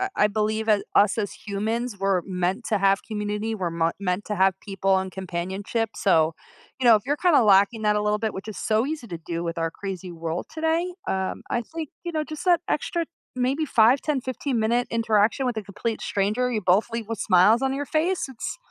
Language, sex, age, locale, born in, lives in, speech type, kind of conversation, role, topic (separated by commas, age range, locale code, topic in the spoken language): English, female, 40-44, en-US, United States, United States, conversation, podcast, host, What are some effective ways people can cope with stress in their daily lives?